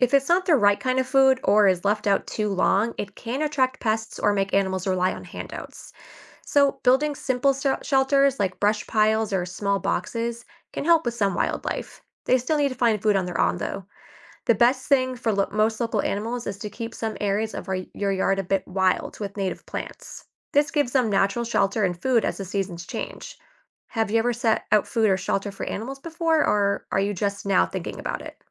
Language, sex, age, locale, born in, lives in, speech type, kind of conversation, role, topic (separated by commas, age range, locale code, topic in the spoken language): English, female, 25-29, en-US, United States, United States, read, expert-assistant, expert, How do seasons influence animal migration and behavior?